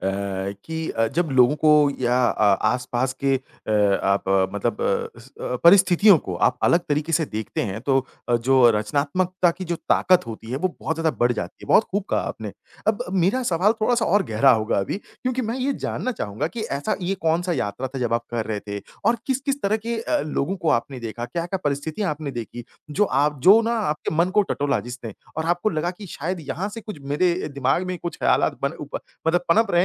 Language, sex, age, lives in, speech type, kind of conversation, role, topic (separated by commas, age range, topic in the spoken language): Hindi, male, 30-34, India, conversation, podcast, host, यात्रा ने आपकी रचनात्मकता को कैसे प्रभावित किया है?